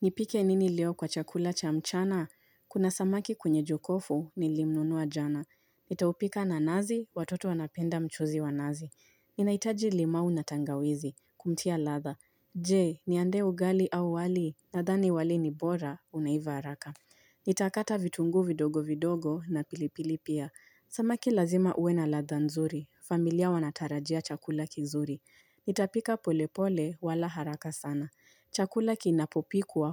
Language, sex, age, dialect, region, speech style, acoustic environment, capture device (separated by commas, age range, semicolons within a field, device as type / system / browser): Swahili, female, 25-34, Kenya - Nairobi Sheng-influenced, Kenya, excited, silence, mobile / Linux / Chrome